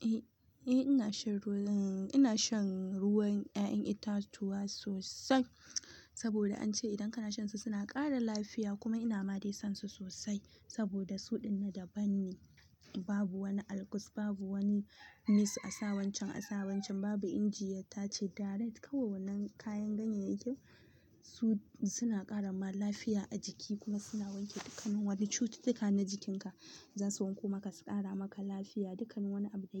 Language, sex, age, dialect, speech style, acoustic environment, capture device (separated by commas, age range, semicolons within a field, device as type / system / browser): Hausa, female, 18-24, Nigeria - Kano, happy, silence, mobile / Linux / Chrome